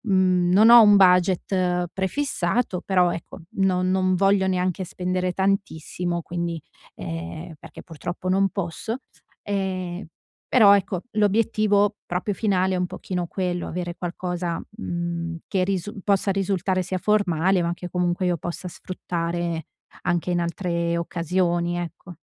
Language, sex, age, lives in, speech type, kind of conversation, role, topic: Italian, female, 35-39, Italy, conversation, advice, user, Come posso comprare capi di buona qualità senza spendere troppo?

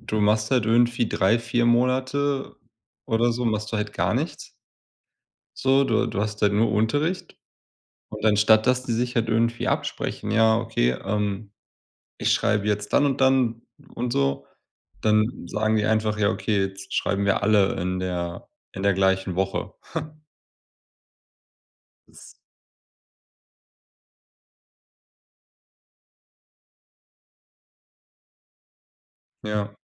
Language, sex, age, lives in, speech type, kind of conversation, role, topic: German, male, 30-34, Germany, conversation, unstructured, none, Ist das Schulsystem deiner Meinung nach zu altmodisch?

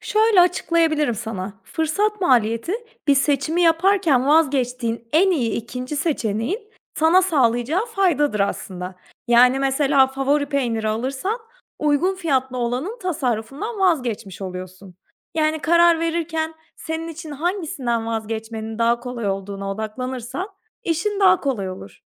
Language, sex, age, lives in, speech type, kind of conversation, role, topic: Turkish, female, 30-34, Portugal, read, expert-assistant, expert, Alışveriş yaparken fırsat maliyeti nedir ve doğru seçim yapmamı nasıl etkiler?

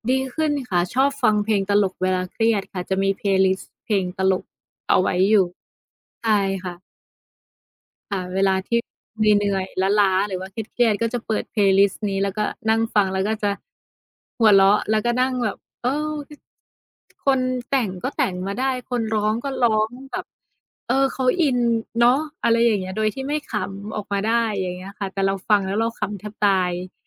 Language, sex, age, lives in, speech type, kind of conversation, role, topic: Thai, female, 30-34, Thailand, conversation, unstructured, none, คุณคิดว่าเพลงมีบทบาทอย่างไรในชีวิตประจำวัน?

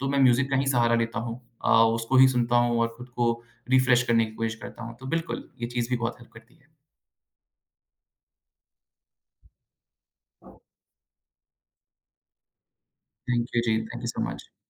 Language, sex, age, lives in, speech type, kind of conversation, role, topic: Hindi, male, 25-29, India, conversation, podcast, guest, Learning mein motivation gir jaaye toh tum kya karte ho?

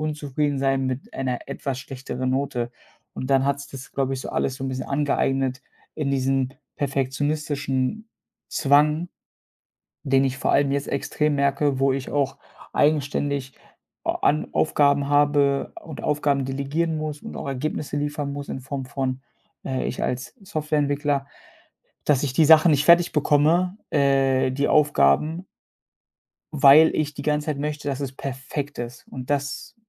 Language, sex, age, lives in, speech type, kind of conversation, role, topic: German, male, 25-29, Germany, conversation, advice, user, Wie hindert dich Perfektionismus daran, Aufgaben fertigzustellen?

